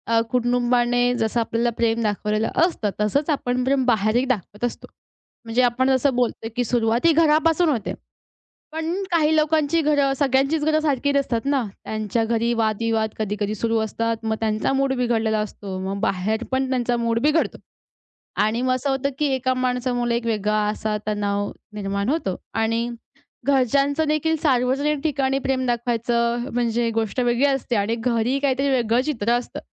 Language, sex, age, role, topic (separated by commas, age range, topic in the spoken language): Marathi, female, 20-24, host, दैनंदिन छोट्या प्रेमळ इशाऱ्यांचे महत्त्व काय आहे?